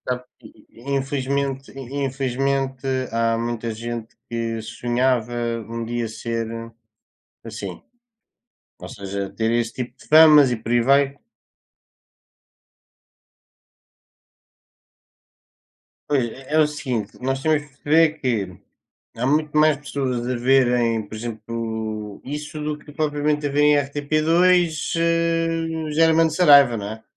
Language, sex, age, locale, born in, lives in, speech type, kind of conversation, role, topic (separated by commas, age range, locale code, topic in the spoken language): Portuguese, male, 20-24, pt-PT, Portugal, Portugal, conversation, unstructured, none, Como você se prepararia para viver um dia como celebridade?